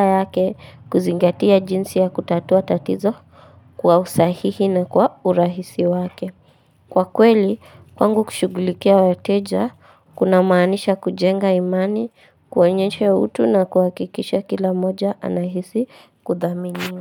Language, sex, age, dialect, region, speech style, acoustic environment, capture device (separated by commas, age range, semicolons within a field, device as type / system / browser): Swahili, female, 18-24, Kenya - Nairobi Sheng-influenced, Kenya, relaxed, silence, mobile / Linux / Chrome